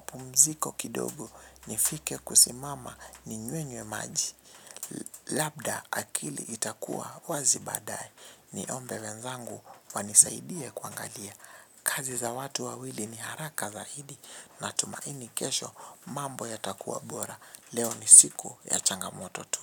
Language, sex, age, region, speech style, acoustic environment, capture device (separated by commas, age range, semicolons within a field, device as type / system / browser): Swahili, male, 18-24, Kenya, relaxed, silence, mobile / Linux / Chrome